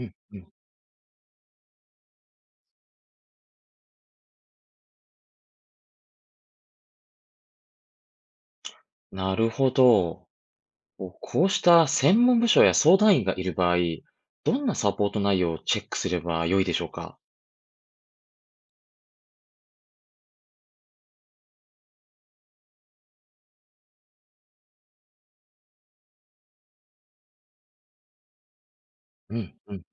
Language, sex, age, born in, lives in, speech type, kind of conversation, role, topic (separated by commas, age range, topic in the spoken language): Japanese, male, 35-39, Japan, Japan, read, expert-assistant, asker, 「病院の評判やサービスの質に関する情報は、どのように信頼できるものを見分けられますか？」